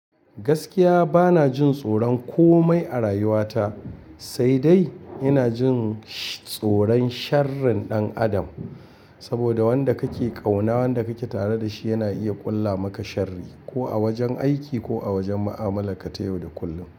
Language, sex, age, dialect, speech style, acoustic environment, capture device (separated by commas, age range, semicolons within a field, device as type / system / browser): Hausa, male, 45-54, Nigeria - Kano, happy, appliances; people talking, mobile / Linux / Chrome